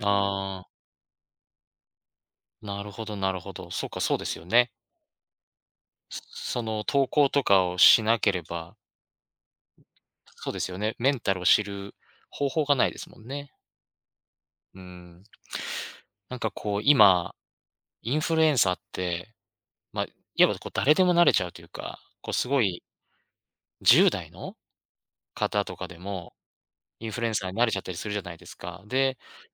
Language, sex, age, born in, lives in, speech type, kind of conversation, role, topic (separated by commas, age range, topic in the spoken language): Japanese, male, 35-39, Japan, Japan, conversation, podcast, host, インフルエンサーが抱える精神的な負担は、どのようにケアすべきですか？